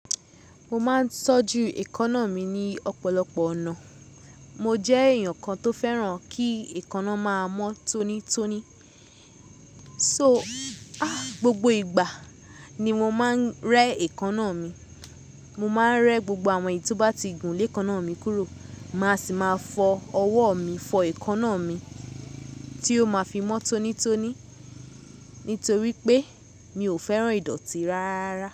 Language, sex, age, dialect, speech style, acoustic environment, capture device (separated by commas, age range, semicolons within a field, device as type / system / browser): Yoruba, female, 25-34, Nigeria - Lagos Yoruba, happy, nature, mobile / Linux / Chrome